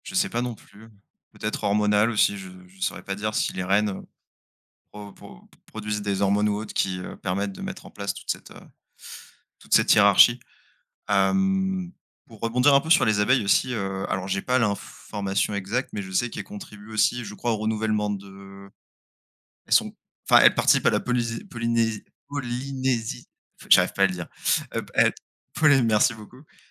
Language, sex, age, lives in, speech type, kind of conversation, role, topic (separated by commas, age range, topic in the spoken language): French, male, 25-29, France, conversation, unstructured, none, Qu’est-ce que la biodiversité et pourquoi est-elle précieuse ?